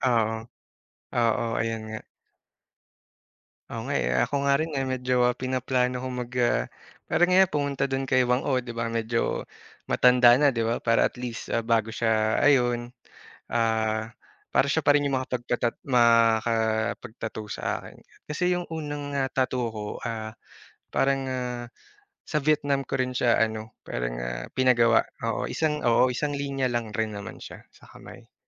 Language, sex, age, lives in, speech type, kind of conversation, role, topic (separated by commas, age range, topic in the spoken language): Filipino, male, 30-34, Philippines, conversation, unstructured, none, Saan ang pinakapaborito mong lugar na napuntahan, at bakit?